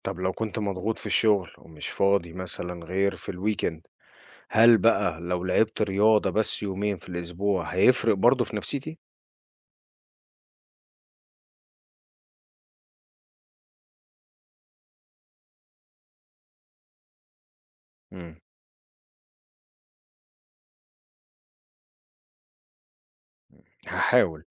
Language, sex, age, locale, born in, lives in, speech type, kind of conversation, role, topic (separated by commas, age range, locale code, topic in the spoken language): Arabic, male, 40-44, ar-EG, Egypt, United States, read, expert-assistant, asker, ما هي فوائد ممارسة الرياضة بانتظام على الصحة النفسية؟